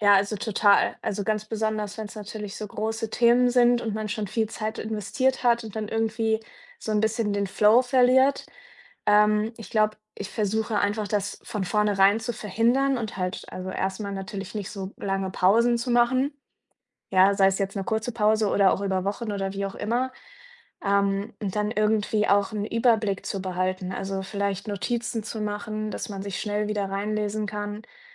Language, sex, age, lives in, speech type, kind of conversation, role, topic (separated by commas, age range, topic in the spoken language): German, female, 25-29, Germany, conversation, podcast, guest, Wie findest du neue Motivation, wenn dir die Lust nachlässt?